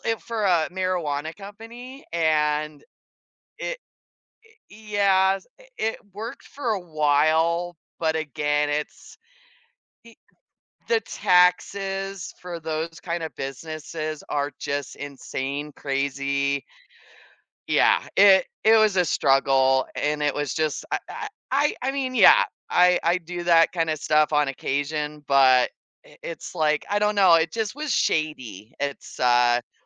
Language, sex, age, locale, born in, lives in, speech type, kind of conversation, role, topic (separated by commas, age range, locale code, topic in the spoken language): English, female, 40-44, en-US, United States, United States, conversation, unstructured, none, How have difficult experiences at work shaped your approach to challenges?